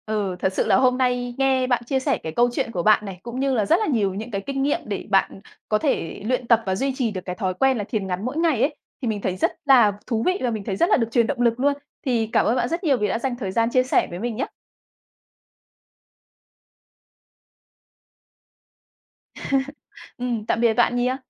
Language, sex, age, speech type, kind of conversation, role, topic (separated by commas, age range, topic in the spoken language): Vietnamese, female, 30-34, conversation, podcast, host, Bạn có duy trì thói quen hít thở có chủ ý hoặc thiền ngắn không, và bạn thường thực hiện như thế nào?